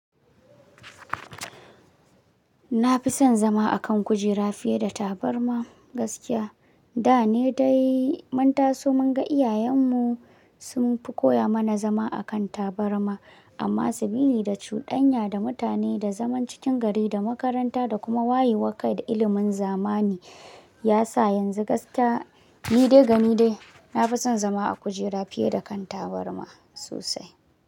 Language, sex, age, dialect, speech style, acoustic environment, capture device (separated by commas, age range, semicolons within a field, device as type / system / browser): Hausa, male, 18-24, Nigeria - Kano, happy; relaxed; excited; focused, silence, mobile / Linux / Chrome